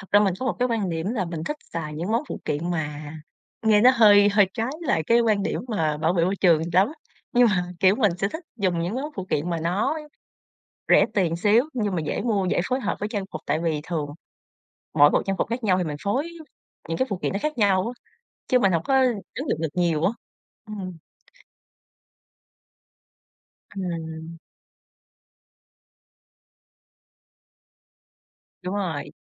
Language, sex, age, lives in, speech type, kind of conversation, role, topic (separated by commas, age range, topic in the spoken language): Vietnamese, female, 25-29, Vietnam, conversation, podcast, guest, Trang phục nào khiến bạn tự tin nhất?